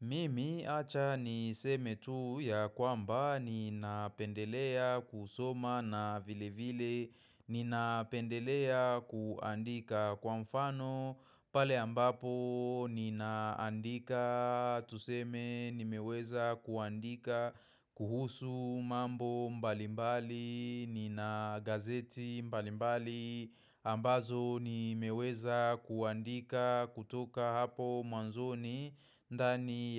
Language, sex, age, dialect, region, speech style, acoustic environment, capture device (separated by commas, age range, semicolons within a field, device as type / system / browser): Swahili, male, 25-34, Kenya - Nairobi Sheng-influenced, Kenya, focused, silence, mobile / macOS / Safari